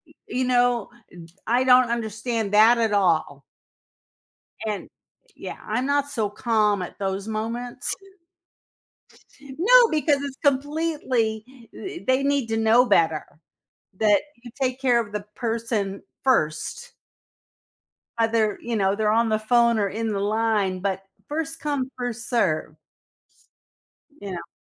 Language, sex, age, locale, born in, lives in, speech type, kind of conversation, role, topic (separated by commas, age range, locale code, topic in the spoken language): English, female, 60-64, en-US, United States, United States, conversation, podcast, host, How do you find effective ways to manage stress in your daily life?